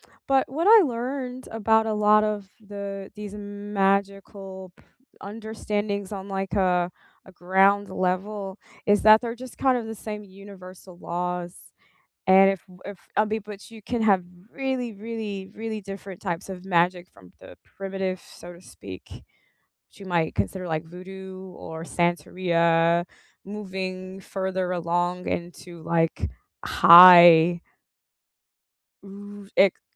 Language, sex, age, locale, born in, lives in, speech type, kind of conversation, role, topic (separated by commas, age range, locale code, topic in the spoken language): English, female, 40-44, en-US, United States, United States, conversation, unstructured, none, What is a meaningful gift you have given or received recently?